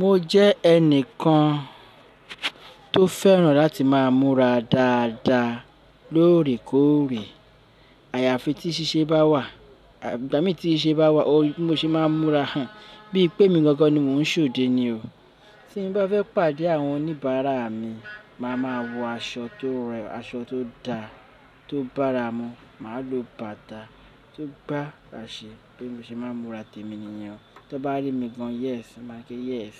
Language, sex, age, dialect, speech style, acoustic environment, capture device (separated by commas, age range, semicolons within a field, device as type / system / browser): Yoruba, male, 35-44, Nigeria - Lagos Yoruba, anxious, people talking, desktop / Linux / Chrome